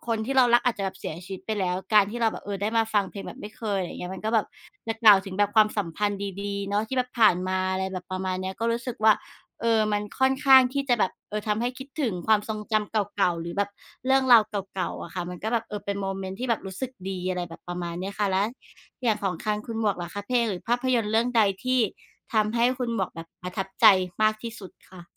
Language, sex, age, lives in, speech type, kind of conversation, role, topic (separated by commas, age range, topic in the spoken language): Thai, female, 35-39, Thailand, conversation, unstructured, none, เพลงหรือภาพยนตร์เรื่องใดที่ทำให้คุณประทับใจมากที่สุด?